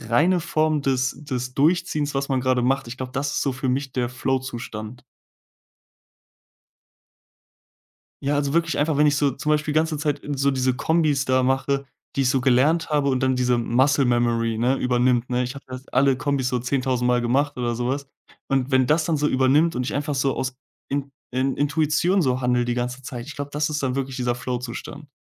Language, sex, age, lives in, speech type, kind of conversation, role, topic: German, male, 20-24, Germany, conversation, podcast, guest, Wie schaffst du es, beim Hobby konzentriert zu bleiben?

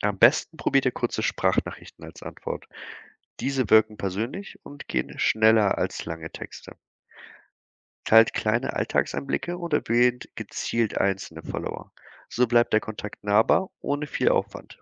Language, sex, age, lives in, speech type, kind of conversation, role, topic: German, male, 25-29, Germany, read, expert-assistant, expert, Wie finde ich kreative Wege, um mit wenig Geld im Marketing sichtbar zu bleiben?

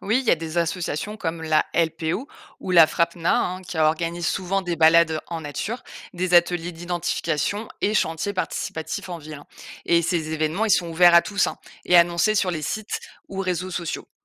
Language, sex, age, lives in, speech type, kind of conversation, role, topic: French, female, 35-39, France, read, expert-assistant, expert, Quelles habitudes concrètes puis-je adopter au quotidien pour contribuer à la préservation de la faune sauvage, même en vivant en ville ?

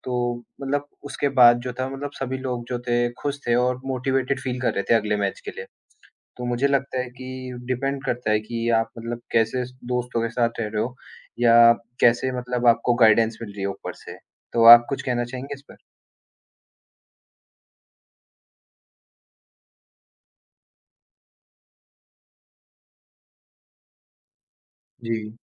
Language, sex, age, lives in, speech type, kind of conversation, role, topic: Hindi, male, 18-19, India, conversation, unstructured, none, खेलों ने आपको जीवन में क्या सिखाया है?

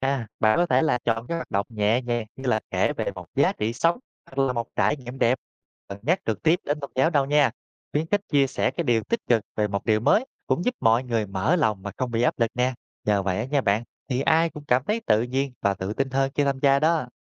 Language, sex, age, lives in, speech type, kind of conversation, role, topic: Vietnamese, male, 30-34, Vietnam, read, expert-assistant, expert, Làm sao chúng ta có thể tạo ra những cuộc trò chuyện thú vị giữa người theo các tôn giáo khác nhau?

